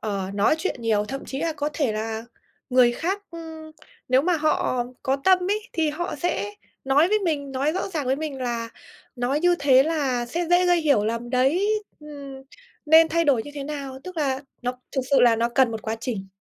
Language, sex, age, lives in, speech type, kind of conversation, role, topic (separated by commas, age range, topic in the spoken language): Vietnamese, female, 25-29, Vietnam, conversation, podcast, guest, Bạn vượt qua nỗi sợ bị đánh giá như thế nào khi bày tỏ bản thân?